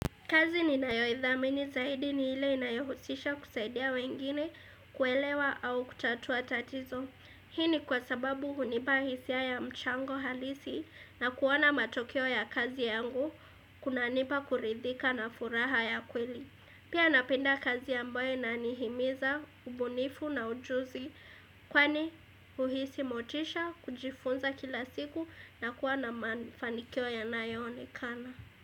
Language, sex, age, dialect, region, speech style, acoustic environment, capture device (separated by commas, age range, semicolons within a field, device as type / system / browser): Swahili, female, 18-24, Kenya - Mombasa, Kenya, happy, silence, desktop / Windows / Edge